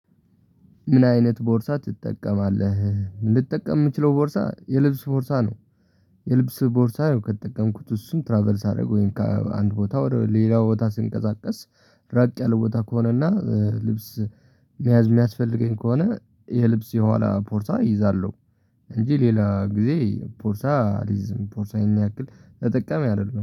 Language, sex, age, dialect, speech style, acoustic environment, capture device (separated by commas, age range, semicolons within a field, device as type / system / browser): Amharic, male, 18-24, Ethiopia - Tigray, relaxed, appliances, mobile / Linux / Chrome